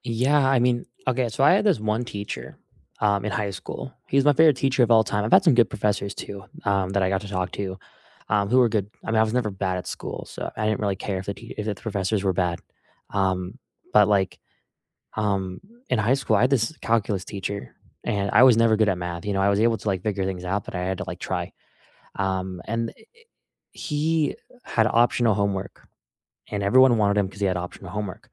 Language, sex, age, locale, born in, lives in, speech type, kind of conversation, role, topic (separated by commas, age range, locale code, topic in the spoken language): English, male, 20-24, en-US, United States, United States, conversation, unstructured, none, What makes a classroom truly great for you—space, the teacher, your classmates, or the tools—and what helped you feel connected?